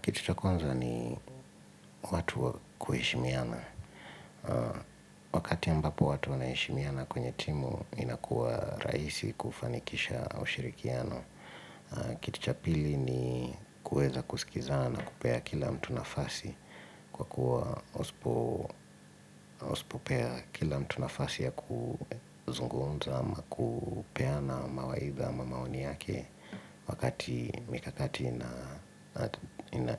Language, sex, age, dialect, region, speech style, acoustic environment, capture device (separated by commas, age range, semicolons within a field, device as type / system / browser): Swahili, male, 25-34, Kenya - Nairobi Sheng-influenced, Kenya, relaxed, silence, mobile / Linux / Edge